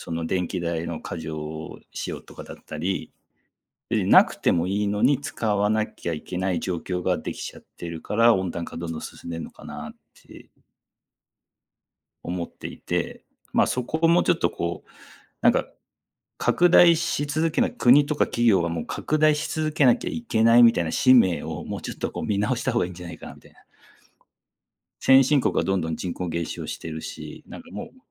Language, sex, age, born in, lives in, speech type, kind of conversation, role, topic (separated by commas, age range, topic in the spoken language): Japanese, male, 40-44, Japan, Japan, conversation, unstructured, none, 地球温暖化について、どう感じていますか？